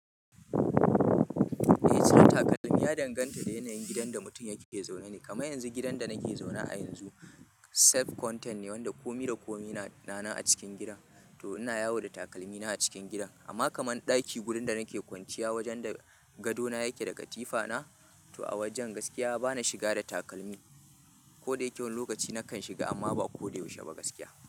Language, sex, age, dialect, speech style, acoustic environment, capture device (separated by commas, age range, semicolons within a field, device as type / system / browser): Hausa, male, 25-34, Nigeria - Kano, happy; excited; relaxed; focused, people talking; music; nature, mobile / Linux / Chrome